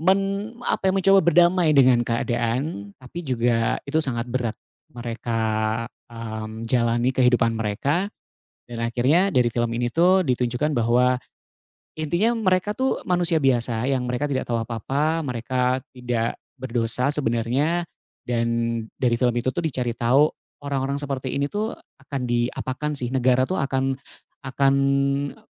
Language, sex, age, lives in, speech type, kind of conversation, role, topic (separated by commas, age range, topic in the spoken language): Indonesian, male, 35-39, Indonesia, conversation, podcast, guest, Film Indonesia apa yang paling berkesan buat kamu, dan kenapa?